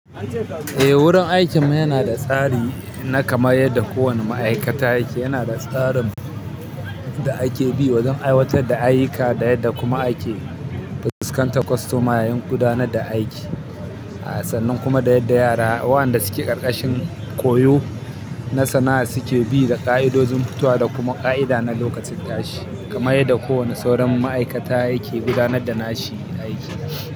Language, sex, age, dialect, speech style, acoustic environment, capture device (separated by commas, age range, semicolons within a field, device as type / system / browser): Hausa, male, 25-34, Nigeria - Kano, focused, people talking, mobile / Linux / Chrome